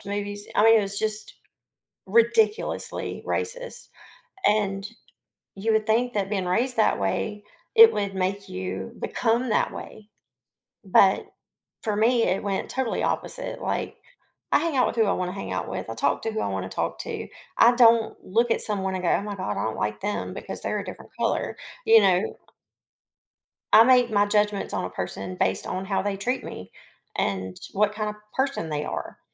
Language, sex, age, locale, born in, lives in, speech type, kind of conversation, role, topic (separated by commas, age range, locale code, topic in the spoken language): English, female, 45-49, en-US, United States, United States, conversation, podcast, guest, In what ways does having a mix of backgrounds and perspectives strengthen a community?